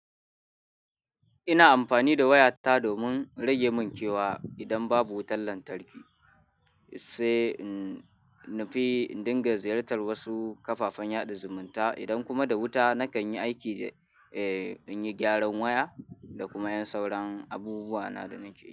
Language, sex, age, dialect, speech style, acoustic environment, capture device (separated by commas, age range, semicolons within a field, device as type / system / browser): Hausa, male, 18-24, Nigeria - Kano, anxious; tired, nature; people talking, mobile / Linux / Chrome